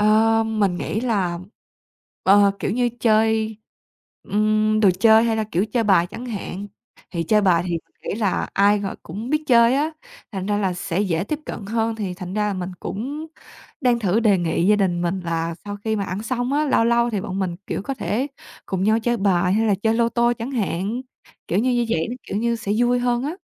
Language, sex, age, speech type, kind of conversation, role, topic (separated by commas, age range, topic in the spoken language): Vietnamese, female, 20-24, conversation, podcast, guest, Buổi tối, gia đình bạn thường làm gì cùng nhau?